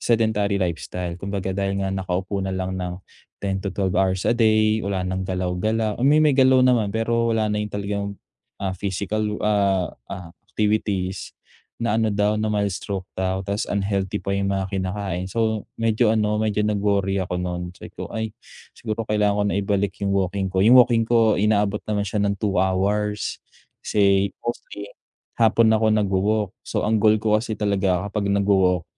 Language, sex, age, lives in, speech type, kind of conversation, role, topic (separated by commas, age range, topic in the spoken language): Filipino, male, 25-29, Philippines, conversation, advice, user, Paano ko maisasama ang mga libangan sa abalang araw-araw ko?